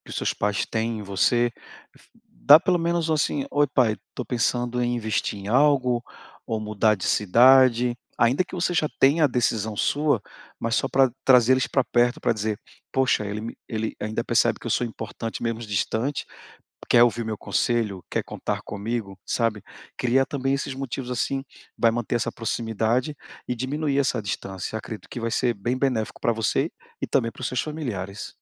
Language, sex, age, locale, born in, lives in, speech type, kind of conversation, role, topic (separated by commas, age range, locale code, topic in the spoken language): Portuguese, male, 40-44, pt-BR, Brazil, Portugal, conversation, advice, advisor, Como posso manter contato com minha família e amigos à distância?